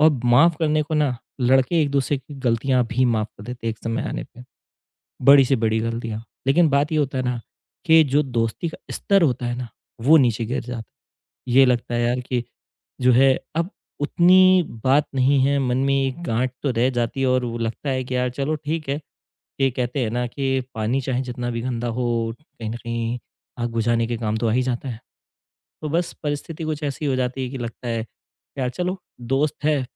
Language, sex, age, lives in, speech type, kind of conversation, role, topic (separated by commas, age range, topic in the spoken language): Hindi, male, 30-34, India, conversation, podcast, guest, जब पछतावा सताने लगता है, तो आप सबसे पहले क्या करते हैं?